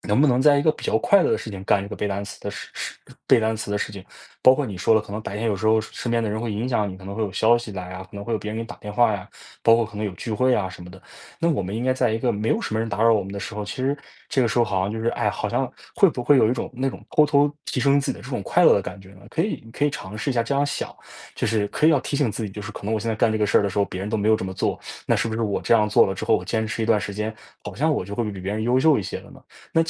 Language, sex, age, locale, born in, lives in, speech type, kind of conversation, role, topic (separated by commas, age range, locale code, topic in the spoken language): Chinese, male, 20-24, zh-CN, China, United States, conversation, advice, advisor, 我总是拖延，怎样才能保持动力并坚持完成任务？